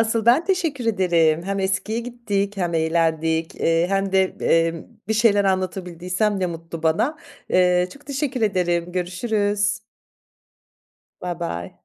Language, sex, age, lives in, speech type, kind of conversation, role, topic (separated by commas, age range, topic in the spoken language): Turkish, female, 45-49, France, conversation, podcast, guest, Kökenin veya etnik geçmişin müzik zevkini nasıl şekillendirdi?